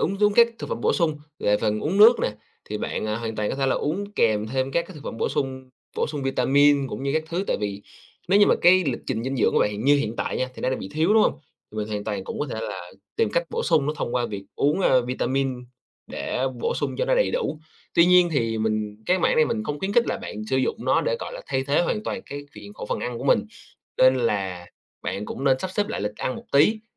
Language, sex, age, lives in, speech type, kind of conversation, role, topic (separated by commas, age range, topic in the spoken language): Vietnamese, male, 25-29, Vietnam, conversation, advice, advisor, Làm sao để bỏ thói quen ăn vặt vào buổi tối để không phá vỡ chế độ ăn?